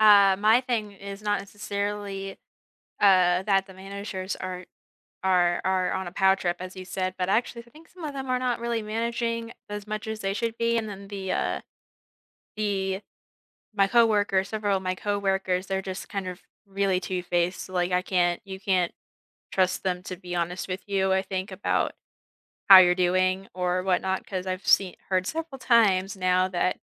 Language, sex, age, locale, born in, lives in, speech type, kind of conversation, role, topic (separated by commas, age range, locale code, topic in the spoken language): English, female, 20-24, en-US, United States, United States, conversation, unstructured, none, What TV show do you always recommend to friends?